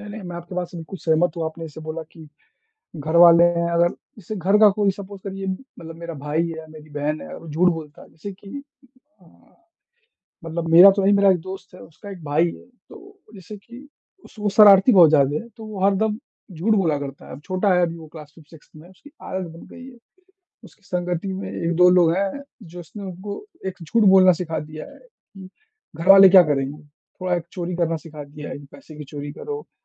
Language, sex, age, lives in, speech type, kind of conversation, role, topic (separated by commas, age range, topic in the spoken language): Hindi, male, 20-24, India, conversation, unstructured, none, क्या माफ़ करना हमेशा सही होता है?